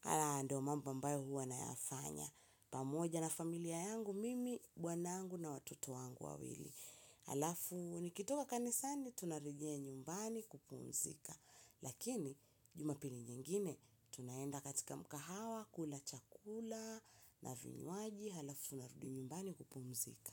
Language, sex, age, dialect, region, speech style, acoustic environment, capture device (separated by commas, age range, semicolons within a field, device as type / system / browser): Swahili, female, 25-34, Kenya - Mombasa, Kenya, happy; excited; relaxed, silence, mobile / Linux / Chrome